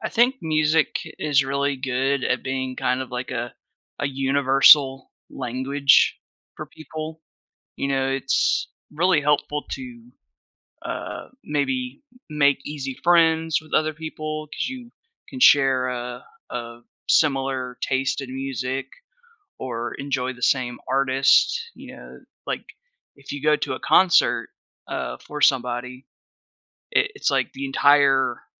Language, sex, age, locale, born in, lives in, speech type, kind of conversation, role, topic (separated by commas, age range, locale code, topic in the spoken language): English, male, 30-34, en-US, United States, United States, conversation, podcast, guest, Why does music have the power to connect people from different backgrounds?